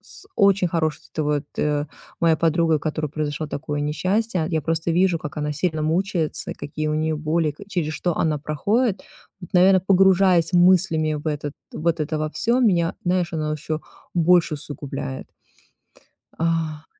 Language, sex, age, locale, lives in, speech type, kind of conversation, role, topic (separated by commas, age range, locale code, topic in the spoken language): Russian, female, 40-44, ru-RU, United States, conversation, advice, user, Как мне перестать снова и снова застревать в одних и тех же негативных мыслях?